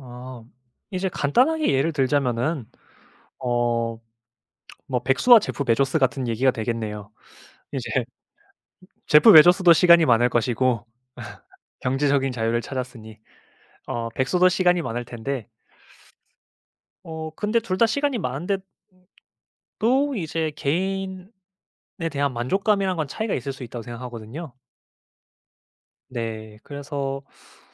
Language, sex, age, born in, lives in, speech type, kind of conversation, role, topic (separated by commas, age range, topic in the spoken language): Korean, male, 25-29, South Korea, Japan, conversation, podcast, guest, 시간적 여유가 곧 성공이라고 볼 수 있을까요?